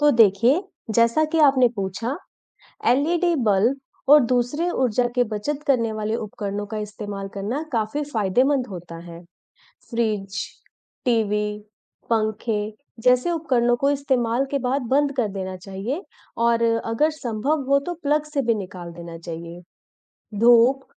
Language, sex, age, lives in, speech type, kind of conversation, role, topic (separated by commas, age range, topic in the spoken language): Hindi, female, 35-39, India, read, expert-assistant, expert, बिजली की बचत करने के आसान तरीके कौन-कौन से हैं?